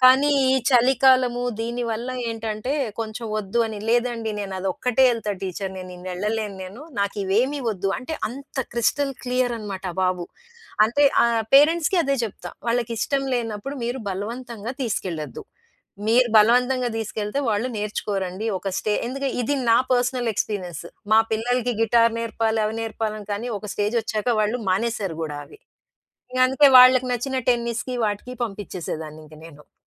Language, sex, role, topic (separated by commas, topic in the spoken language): Telugu, female, guest, ఆ హాబీని మీరు మొదట ఎలా ప్రారంభించారు?